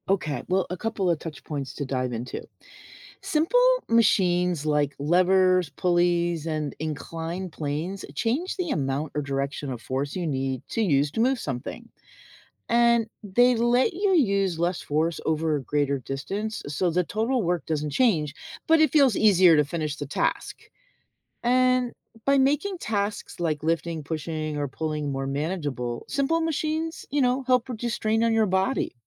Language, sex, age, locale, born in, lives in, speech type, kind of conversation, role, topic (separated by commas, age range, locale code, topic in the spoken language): English, female, 60-64, en-US, United States, United States, read, expert-assistant, expert, How do simple machines make work easier?